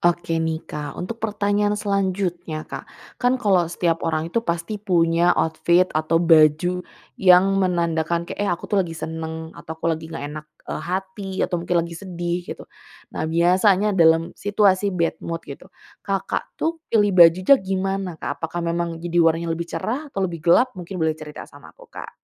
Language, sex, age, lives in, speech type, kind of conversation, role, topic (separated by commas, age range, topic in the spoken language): Indonesian, female, 25-29, Indonesia, conversation, podcast, host, Biasanya kamu memilih pakaian seperti apa saat sedang bad mood?